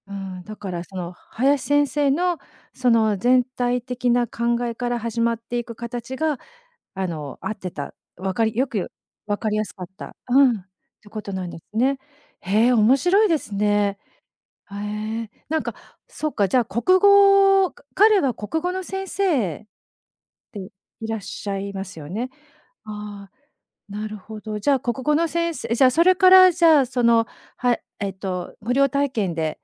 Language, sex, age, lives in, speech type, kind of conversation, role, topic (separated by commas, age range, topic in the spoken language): Japanese, female, 50-54, Japan, conversation, podcast, host, その先生のどんなところが好きでしたか？